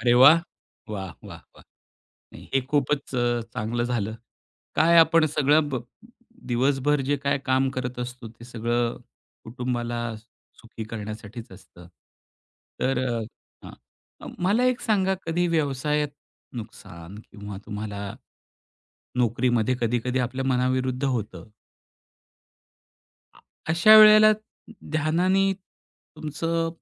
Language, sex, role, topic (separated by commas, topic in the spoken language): Marathi, male, host, ध्यानामुळे भावना हाताळण्यास तुम्हाला कशी मदत होते?